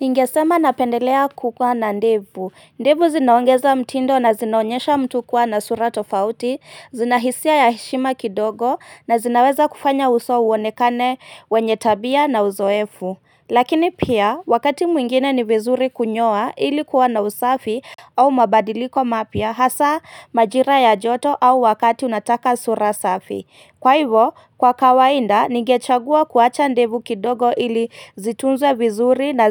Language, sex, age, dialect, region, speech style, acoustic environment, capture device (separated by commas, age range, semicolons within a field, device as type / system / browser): Swahili, female, 25-34, Kenya - Nairobi Sheng-influenced, Kenya, excited, nature; silence, mobile / Linux / Chrome